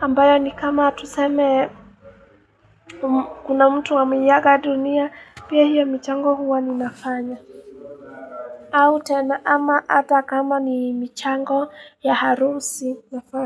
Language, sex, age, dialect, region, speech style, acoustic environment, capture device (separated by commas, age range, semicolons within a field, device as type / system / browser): Swahili, female, 18-24, Kenya - Nairobi Sheng-influenced, Kenya, happy, people talking, mobile / Linux / Chrome